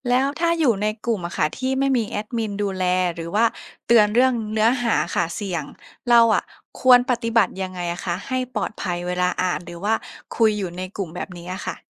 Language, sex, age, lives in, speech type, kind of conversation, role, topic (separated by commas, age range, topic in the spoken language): Thai, female, 25-29, Thailand, read, expert-assistant, asker, เวลาหาข้อมูลเกี่ยวกับสุขภาพจิต เราจะรู้ได้อย่างไรว่าข้อมูลนั้นน่าเชื่อถือจริงไหม?